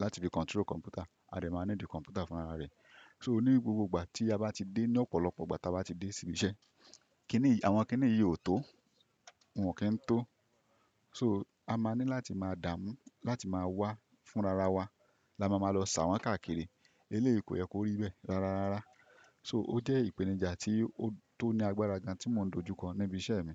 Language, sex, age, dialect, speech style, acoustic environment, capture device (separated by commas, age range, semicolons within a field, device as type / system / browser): Yoruba, male, 25-34, Nigeria - Ibadan, happy; focused; relaxed, silence, desktop / Windows / Chrome